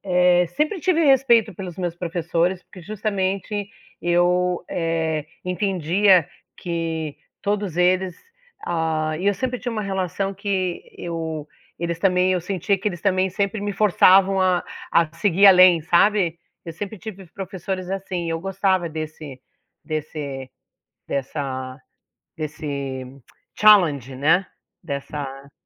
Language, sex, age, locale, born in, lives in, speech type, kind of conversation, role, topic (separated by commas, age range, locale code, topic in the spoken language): Portuguese, female, 60-64, pt-BR, Brazil, United States, conversation, unstructured, none, Você acredita que os professores recebem o reconhecimento que merecem?